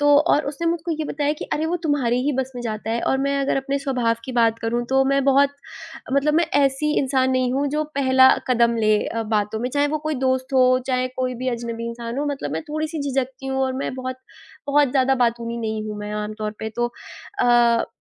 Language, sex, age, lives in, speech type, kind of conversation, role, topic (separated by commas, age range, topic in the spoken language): Hindi, female, 20-24, India, conversation, podcast, guest, क्या कभी किसी अनपेक्षित मुलाकात ने आपकी ज़िंदगी बदल दी है?